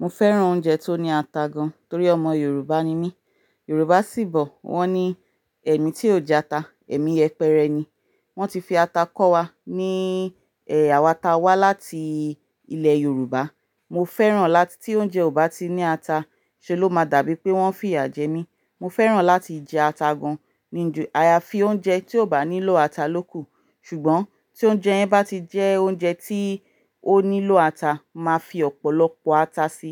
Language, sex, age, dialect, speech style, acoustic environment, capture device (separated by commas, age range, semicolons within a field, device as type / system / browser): Yoruba, female, 35-44, Nigeria - Ibadan, relaxed, nature; silence; appliances, mobile / Linux / Chrome